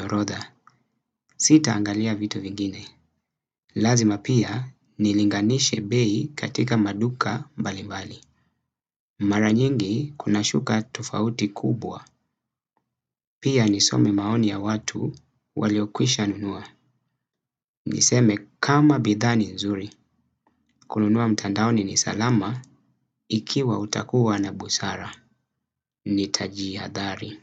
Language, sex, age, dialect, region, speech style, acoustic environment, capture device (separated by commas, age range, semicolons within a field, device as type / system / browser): Swahili, male, 25-34, Kenya - Mombasa, Kenya, relaxed, silence, desktop / Windows / Chrome